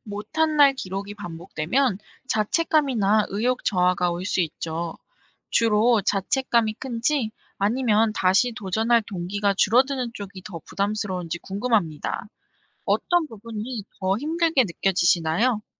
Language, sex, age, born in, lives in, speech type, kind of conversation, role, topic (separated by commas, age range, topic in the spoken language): Korean, female, 25-29, South Korea, South Korea, read, expert-assistant, expert, 수면 습관을 좀 더 체계적으로 발전시키려면 어디서부터 시작해야 할까요?